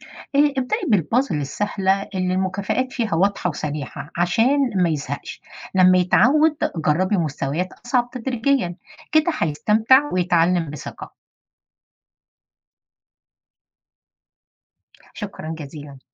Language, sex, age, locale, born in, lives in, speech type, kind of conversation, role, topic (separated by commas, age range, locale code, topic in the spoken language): Arabic, female, 50-54, ar-EG, Egypt, United States, read, expert-assistant, expert, ليه كلبي بقى عنيد فجأة وازاي أرجعه زي الأول؟